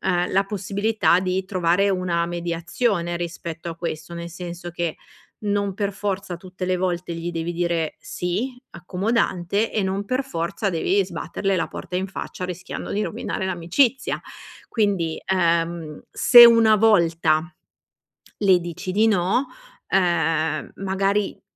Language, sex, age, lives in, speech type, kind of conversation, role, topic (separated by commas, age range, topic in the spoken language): Italian, female, 40-44, Italy, conversation, advice, advisor, Come posso dire di no agli amici senza sentirmi in colpa?